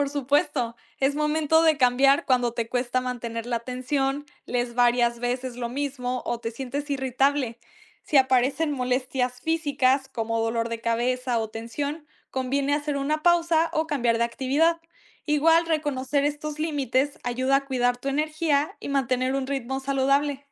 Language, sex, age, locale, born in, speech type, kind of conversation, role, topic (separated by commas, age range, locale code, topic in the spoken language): Spanish, female, 18-19, es-MX, Mexico, read, expert-assistant, expert, ¿Cuáles podrían ser las causas si últimamente me siento muy cansado aunque duermo bien?